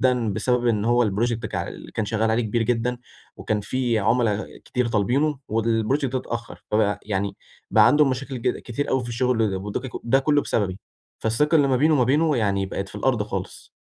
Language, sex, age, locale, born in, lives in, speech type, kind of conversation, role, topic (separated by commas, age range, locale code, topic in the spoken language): Arabic, male, 18-19, ar-EG, Egypt, Egypt, conversation, advice, user, إزاي أرجّع ثقة حد اتأذى بسبب غلطتي، وإيه الخطوات العملية اللي أعملها؟